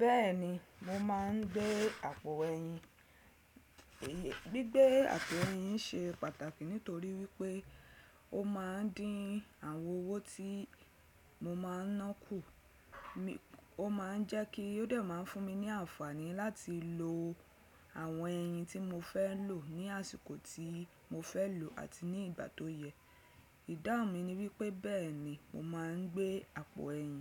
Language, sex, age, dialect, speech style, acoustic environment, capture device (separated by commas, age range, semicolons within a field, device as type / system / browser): Yoruba, female, 35-44, Nigeria - Lagos Yoruba, focused; excited, silence, desktop / Windows / Chrome